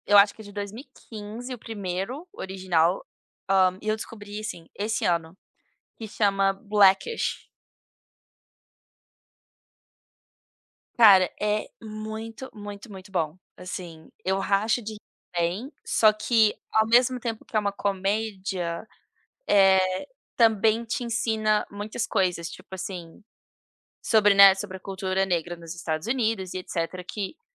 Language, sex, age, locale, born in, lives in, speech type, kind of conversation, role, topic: Portuguese, female, 30-34, pt-BR, Brazil, United States, conversation, unstructured, none, Qual programa de TV te deixa feliz quando você assiste?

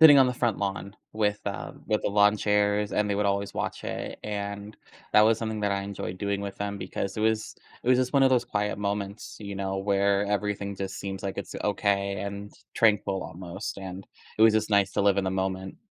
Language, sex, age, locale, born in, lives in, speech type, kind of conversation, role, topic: English, male, 25-29, en-US, United States, United States, conversation, advice, user, How can I cope with losing a close family member?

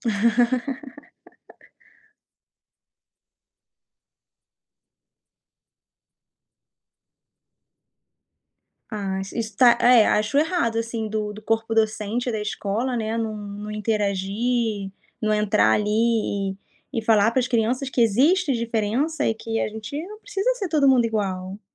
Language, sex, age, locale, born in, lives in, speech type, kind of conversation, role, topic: Portuguese, female, 35-39, pt-BR, Brazil, France, conversation, podcast, host, Como é a sua relação com a língua da sua família?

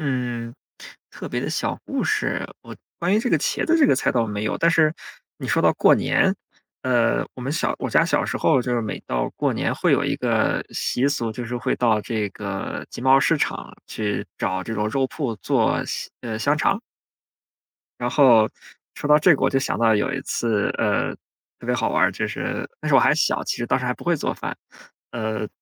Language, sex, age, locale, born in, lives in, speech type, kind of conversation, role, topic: Chinese, male, 30-34, zh-CN, China, Germany, conversation, podcast, guest, 你家有没有什么家传菜谱可以跟大家分享吗？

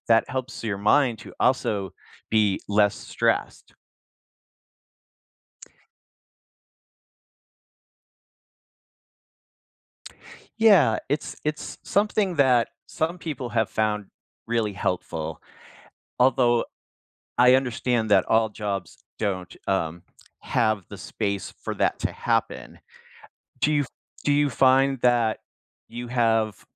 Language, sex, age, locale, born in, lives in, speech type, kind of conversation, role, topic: English, male, 55-59, en-US, United States, United States, conversation, advice, advisor, How can I reduce my daily stress and feel calmer throughout the day?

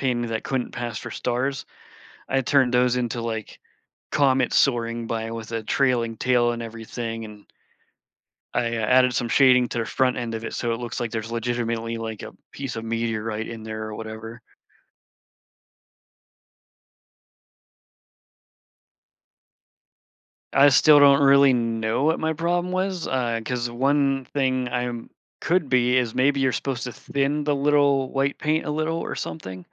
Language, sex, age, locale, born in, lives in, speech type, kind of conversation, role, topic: English, male, 40-44, en-US, United States, United States, conversation, podcast, guest, How can creative thinking help us overcome challenges in everyday life?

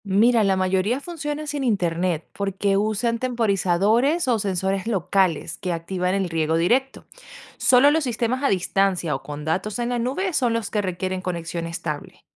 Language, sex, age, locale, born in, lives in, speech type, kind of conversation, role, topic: Spanish, female, 25-29, es-VE, Venezuela, United States, read, expert-assistant, expert, ¿Cómo puedo empezar a usar tecnología moderna para mejorar mi huerto o campo?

